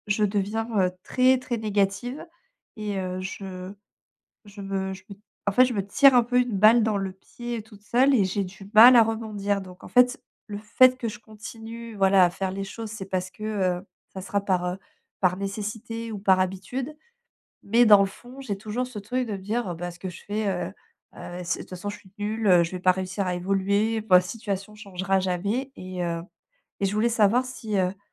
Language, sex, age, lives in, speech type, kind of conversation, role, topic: French, female, 35-39, France, conversation, advice, user, Comment puis-je recadrer mon discours intérieur lorsqu’il devient trop négatif et décourageant ?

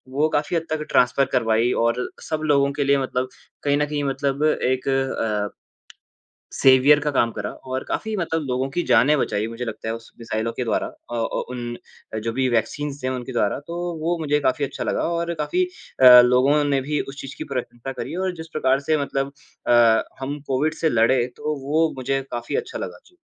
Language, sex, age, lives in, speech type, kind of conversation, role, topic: Hindi, male, 20-24, India, conversation, unstructured, none, भारत में हाल ही की कौन-सी अच्छी खबर ने आपको सबसे ज़्यादा खुशी दी है?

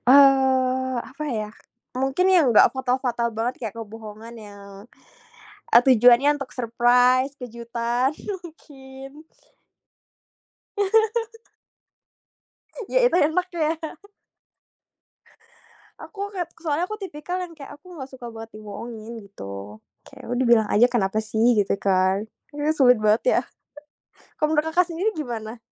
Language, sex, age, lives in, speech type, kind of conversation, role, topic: Indonesian, female, 25-29, Indonesia, conversation, unstructured, none, Menurutmu, seberapa penting kejujuran dalam cinta?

